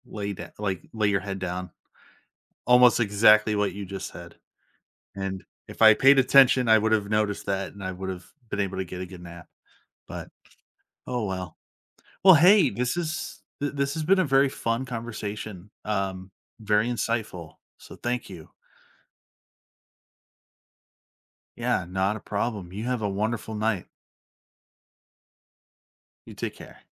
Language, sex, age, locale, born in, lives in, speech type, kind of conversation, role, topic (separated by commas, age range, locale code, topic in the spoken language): English, male, 30-34, en-US, United States, United States, conversation, podcast, host, How can we become better listeners in our everyday lives?